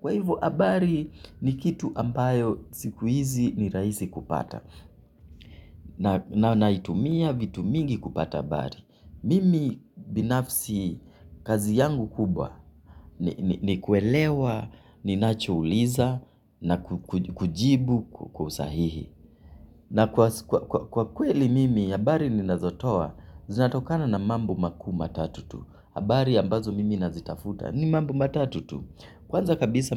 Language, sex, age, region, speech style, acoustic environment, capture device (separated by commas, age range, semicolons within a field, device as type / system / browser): Swahili, male, 25-34, Kenya, excited, silence, mobile / Linux / Chrome